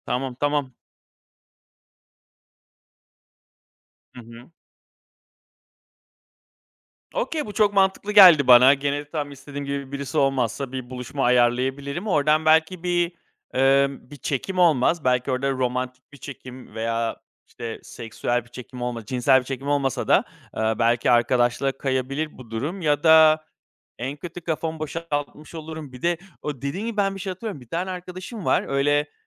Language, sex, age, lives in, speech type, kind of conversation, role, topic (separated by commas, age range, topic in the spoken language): Turkish, male, 35-39, Greece, conversation, advice, user, Yeni bir ayrılıktan sonra yoğun yalnızlık ve boşluk hissiyle nasıl başa çıkabilirim?